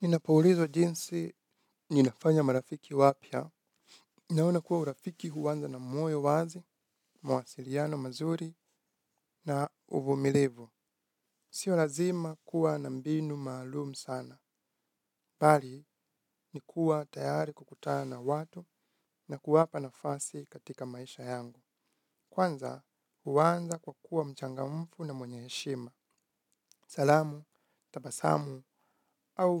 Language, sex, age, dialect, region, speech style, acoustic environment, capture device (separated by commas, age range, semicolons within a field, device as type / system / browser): Swahili, male, 25-34, Kenya - Nairobi Sheng-influenced, Kenya, focused, nature, mobile / Linux / Chrome